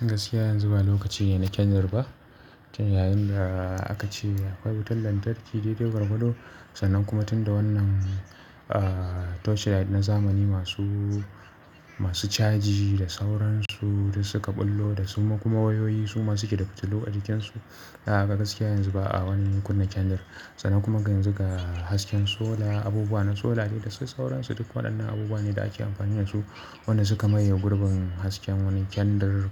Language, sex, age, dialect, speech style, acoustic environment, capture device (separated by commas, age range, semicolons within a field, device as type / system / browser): Hausa, male, 25-34, Nigeria - Kano, relaxed, people talking, mobile / Linux / Chrome